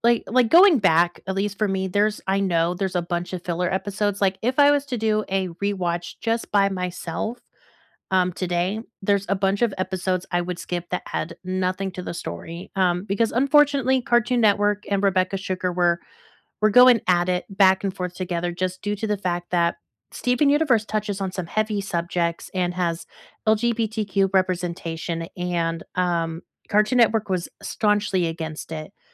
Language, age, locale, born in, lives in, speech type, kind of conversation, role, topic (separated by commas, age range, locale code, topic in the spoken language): English, 25-29, en-US, United States, United States, conversation, unstructured, none, What show or movie do you never get tired of rewatching, and why does it feel like home?